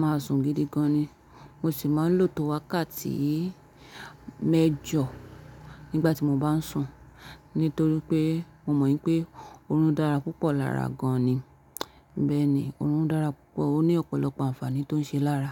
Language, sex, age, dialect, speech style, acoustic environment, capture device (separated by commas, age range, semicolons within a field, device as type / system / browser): Yoruba, female, 25-34, Nigeria - Nigerian Standard, relaxed, silence, mobile / Linux / Chrome